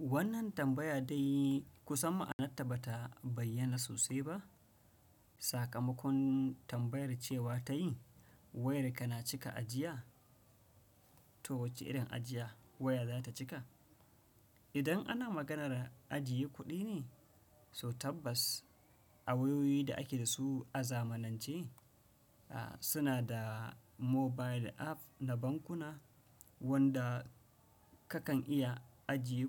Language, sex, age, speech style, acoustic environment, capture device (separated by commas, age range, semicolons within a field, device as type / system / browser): Hausa, male, 35-44, relaxed; tired; anxious; happy; focused; excited; nervous; stressed, nature; people talking; appliances, desktop / Windows / Chrome